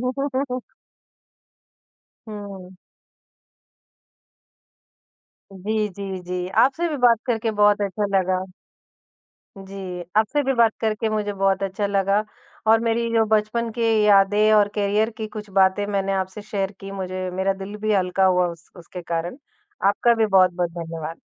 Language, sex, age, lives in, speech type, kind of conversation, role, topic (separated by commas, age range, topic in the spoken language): Hindi, male, 50-54, India, conversation, podcast, guest, जब कोई सपना टूट जाए, तो आप खुद को कैसे संभालते हैं?